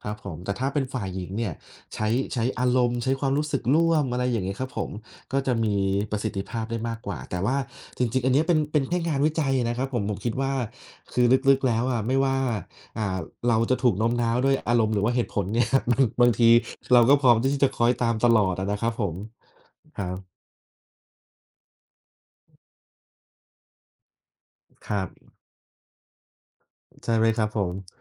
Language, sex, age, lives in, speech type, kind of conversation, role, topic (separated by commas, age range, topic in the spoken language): Thai, male, 30-34, Thailand, conversation, unstructured, none, เวลาคุณต้องโน้มน้าวใจใคร คุณมักใช้วิธีไหนบ้าง?